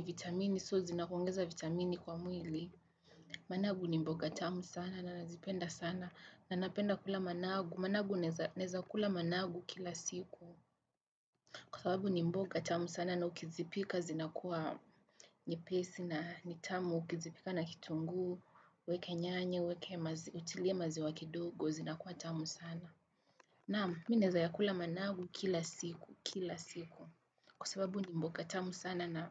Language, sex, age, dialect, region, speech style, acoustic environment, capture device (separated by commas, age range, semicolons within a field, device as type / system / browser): Swahili, female, 18-24, Kenya - Nairobi Sheng-influenced, Kenya, focused; nervous, people talking; construction; traffic, desktop / Windows / Chrome